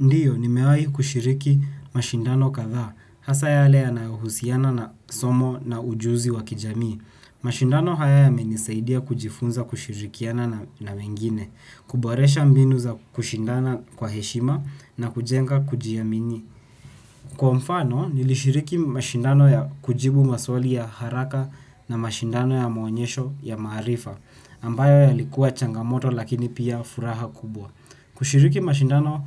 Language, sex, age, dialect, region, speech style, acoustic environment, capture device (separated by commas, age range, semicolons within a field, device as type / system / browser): Swahili, male, 18-24, Kenya - Nairobi Sheng-influenced, Kenya, tired, silence, desktop / Windows / Chrome